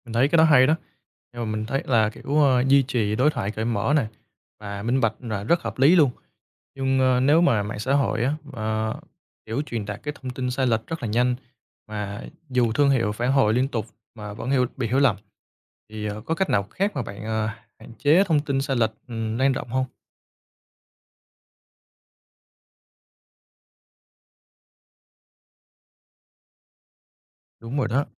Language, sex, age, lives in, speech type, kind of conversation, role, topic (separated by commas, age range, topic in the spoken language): Vietnamese, male, 25-29, Vietnam, read, expert-assistant, asker, Quan hệ công chúng có giúp khách hàng tin tưởng thương hiệu hơn không, hay chỉ là chiêu trò quảng bá?